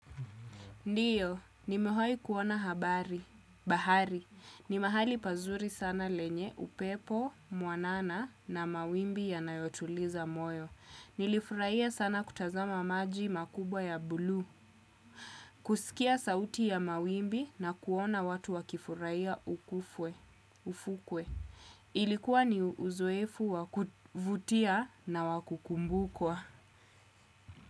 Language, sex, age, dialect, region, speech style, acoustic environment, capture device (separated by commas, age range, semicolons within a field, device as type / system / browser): Swahili, female, 25-34, Kenya - Nairobi Sheng-influenced, Kenya, relaxed, silence, desktop / Windows / Chrome